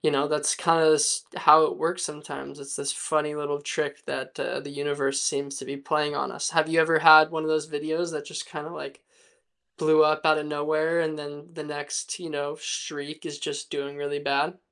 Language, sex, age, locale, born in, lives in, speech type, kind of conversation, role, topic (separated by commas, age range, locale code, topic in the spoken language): English, male, 20-24, en-US, United States, United States, conversation, unstructured, none, Have you ever felt sad about quitting something creative?